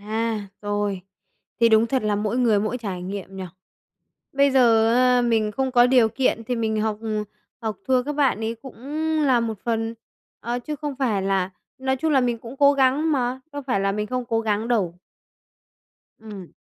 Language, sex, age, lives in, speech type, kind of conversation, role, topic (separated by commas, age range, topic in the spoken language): Vietnamese, female, 20-24, Vietnam, conversation, advice, user, Làm sao để giảm hoài nghi bản thân sau khi thất bại và mất tự tin?